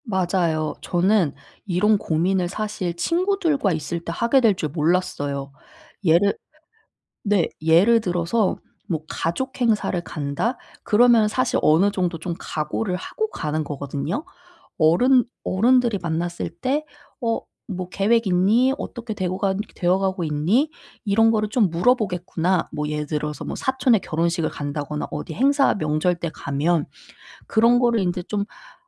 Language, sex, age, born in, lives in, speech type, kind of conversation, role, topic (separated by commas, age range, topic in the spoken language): Korean, female, 30-34, South Korea, South Korea, conversation, advice, user, 친구들과의 모임이 자꾸 부담스럽고 피하고 싶을 때 어떻게 해야 하나요?